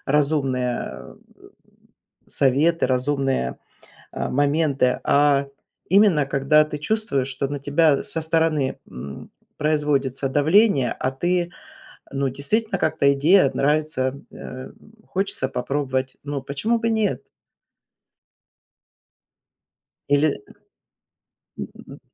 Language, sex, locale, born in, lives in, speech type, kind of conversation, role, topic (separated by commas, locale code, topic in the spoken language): Russian, female, ru-RU, Russia, United States, conversation, advice, advisor, Почему я постоянно беспокоюсь, когда выбираю между вариантами, и боюсь упустить что-то важное?